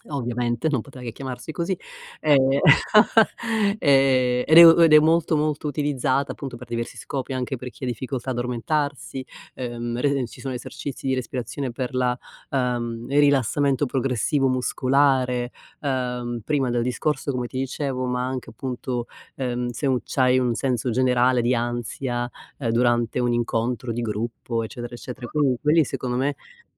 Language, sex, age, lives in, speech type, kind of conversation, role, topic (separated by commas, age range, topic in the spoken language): Italian, female, 50-54, United States, conversation, advice, advisor, Come posso gestire la paura del giudizio sociale prima di eventi o riunioni?